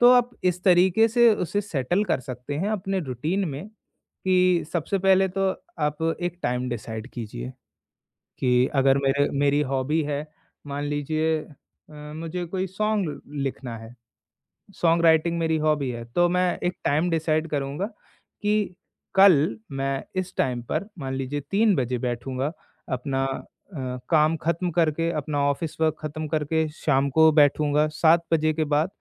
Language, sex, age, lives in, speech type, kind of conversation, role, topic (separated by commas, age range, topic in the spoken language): Hindi, male, 20-24, India, conversation, podcast, guest, Hobby dobara shuru karne ke liye sabse pehla chhota sa step kya hona chahiye?